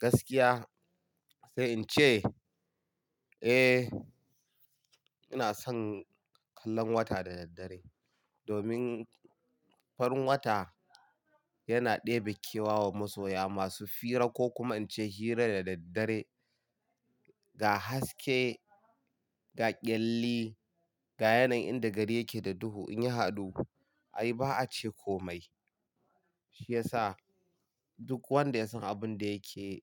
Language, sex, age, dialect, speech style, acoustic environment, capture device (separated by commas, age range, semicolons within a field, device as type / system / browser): Hausa, male, 25-34, Nigeria - Kano, happy; relaxed; excited; focused, silence; nature; people talking, mobile / Linux / Chrome